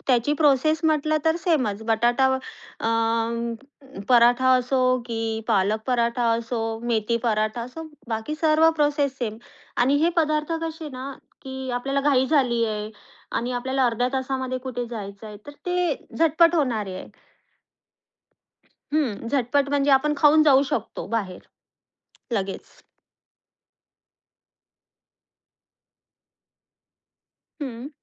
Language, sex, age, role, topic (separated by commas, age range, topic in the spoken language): Marathi, female, 30-34, guest, तुमच्या घरची एखादी खास रेसिपी सांगाल का?